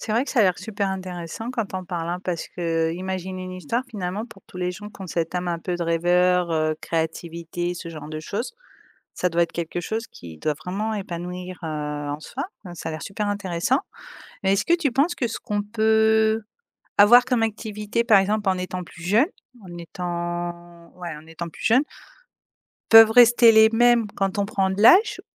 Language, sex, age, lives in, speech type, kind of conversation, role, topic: French, female, 35-39, Spain, conversation, podcast, host, Qu’est-ce qui, selon toi, rend une activité vraiment épanouissante ?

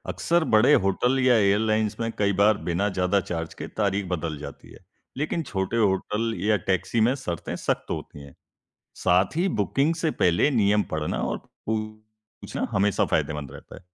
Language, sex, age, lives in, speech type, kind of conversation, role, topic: Hindi, male, 20-24, India, read, expert-assistant, expert, अगर आपकी यात्रा की सारी योजना अचानक बदल जाए (जैसे फ्लाइट कैंसिल हो जाए या मौसम खराब हो), तो इन चुनौतियों से निपटने के व्यावहारिक तरीके क्या हैं और कौन से स्टेप्स तुरंत लेने चाहिए?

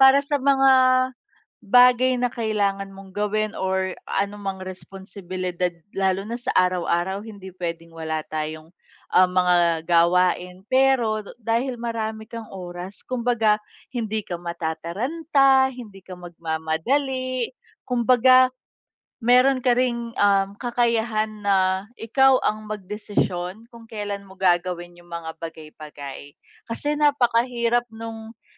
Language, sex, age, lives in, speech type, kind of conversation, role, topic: Filipino, female, 30-34, Philippines, conversation, unstructured, none, Mas pipiliin mo bang magkaroon ng mas maraming oras o mas maraming pera?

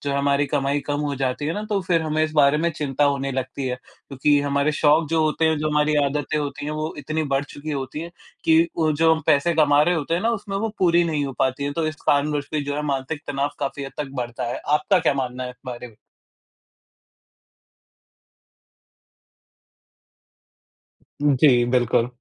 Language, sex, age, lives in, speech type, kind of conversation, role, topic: Hindi, male, 45-49, India, conversation, unstructured, none, पैसे की कमी मानसिक तनाव को कैसे बढ़ाती है?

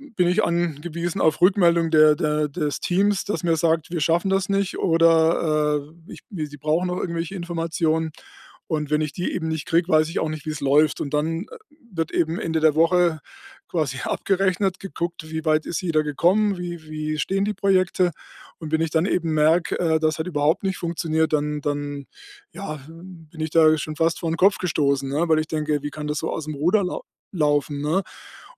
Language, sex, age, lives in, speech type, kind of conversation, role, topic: German, male, 60-64, Germany, conversation, advice, user, Wie kann ich Vertrauen aufbauen, damit ich Verantwortung und Aufgaben effektiv delegieren kann?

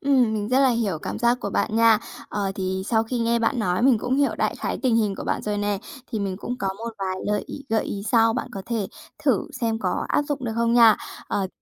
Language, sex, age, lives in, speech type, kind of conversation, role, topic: Vietnamese, female, 30-34, Japan, conversation, advice, advisor, Làm sao để giữ tập trung trong môi trường nhiều phiền nhiễu và công việc chồng chéo?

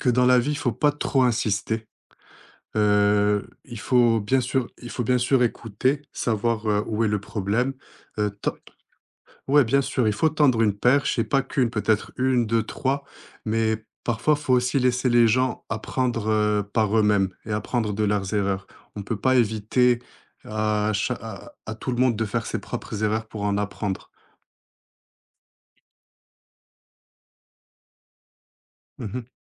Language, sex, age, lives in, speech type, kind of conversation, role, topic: French, male, 25-29, France, conversation, podcast, guest, Comment savoir quand il est préférable de lâcher prise plutôt que de forcer la réparation ?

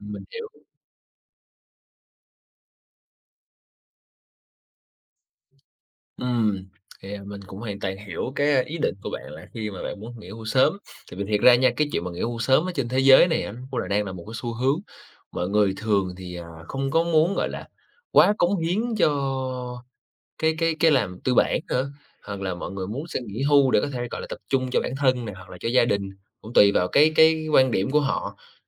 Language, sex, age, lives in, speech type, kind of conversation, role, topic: Vietnamese, male, 25-29, Vietnam, conversation, advice, advisor, Bạn có dự định nghỉ hưu sớm nhưng lo sợ mất mục đích sống và nguồn thu nhập không?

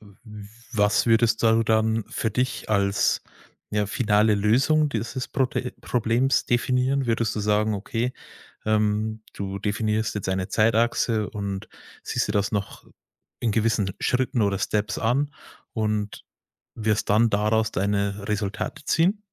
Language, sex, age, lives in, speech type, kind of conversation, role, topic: German, male, 30-34, Germany, conversation, advice, advisor, Welcher Konflikt in deiner Partnerschaft verursacht dir besonders viel Stress?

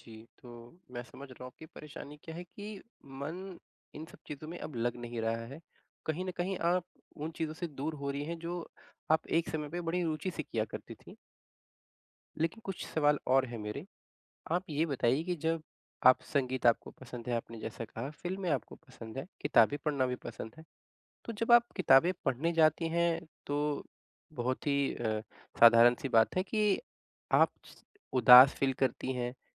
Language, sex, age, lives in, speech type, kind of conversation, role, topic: Hindi, male, 25-29, India, conversation, advice, advisor, मनोरंजन में रुचि कम होना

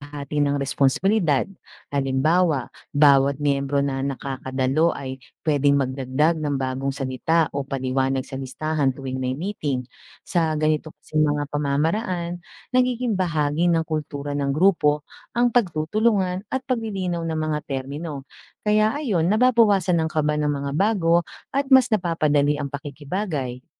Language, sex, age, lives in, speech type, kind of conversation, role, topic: Filipino, female, 40-44, Philippines, read, expert-assistant, expert, Paano mapapadali at mapapalinaw ang paggamit ng mga teknikal na termino sa mga pagpupulong?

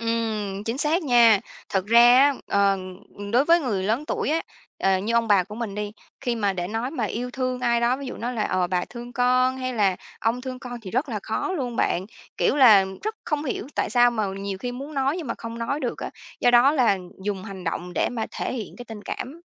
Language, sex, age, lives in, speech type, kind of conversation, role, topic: Vietnamese, female, 30-34, Vietnam, conversation, podcast, guest, Làm gì khi ngôn ngữ yêu thương của bạn khác với người lớn tuổi trong gia đình?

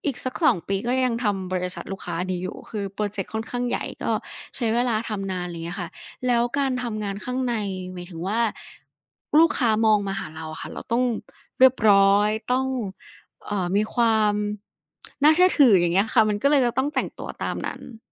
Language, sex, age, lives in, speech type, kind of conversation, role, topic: Thai, female, 20-24, Thailand, conversation, podcast, guest, วันแรกที่เริ่มทำงานเป็นอย่างไรบ้าง?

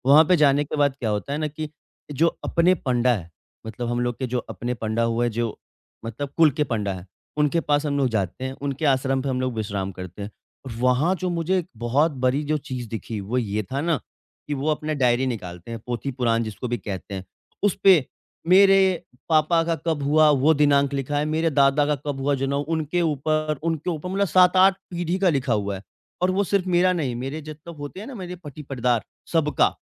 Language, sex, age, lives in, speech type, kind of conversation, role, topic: Hindi, male, 25-29, India, conversation, podcast, guest, Aapke parivaar ki kaunsi purani rasm hai?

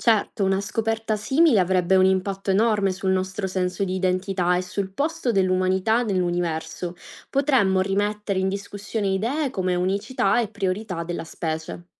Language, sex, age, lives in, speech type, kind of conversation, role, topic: Italian, female, 25-29, Italy, read, expert-assistant, expert, Se scoprissimo vita su un altro pianeta, cambierebbe il modo in cui vediamo noi stessi?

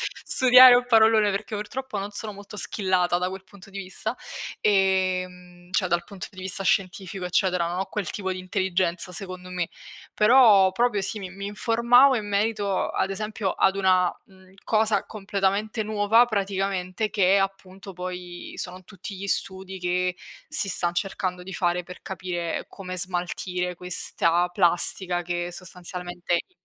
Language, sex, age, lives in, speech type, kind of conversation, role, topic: Italian, female, 20-24, Italy, conversation, unstructured, none, Qual è la scoperta scientifica che ti ha stupito di più?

